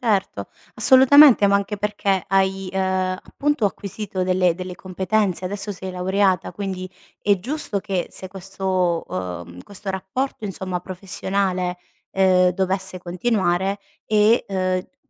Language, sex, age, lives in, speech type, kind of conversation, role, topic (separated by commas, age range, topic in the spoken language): Italian, female, 30-34, Italy, conversation, advice, advisor, Come posso chiedere una promozione o un cambio di ruolo senza sembrare presuntuoso?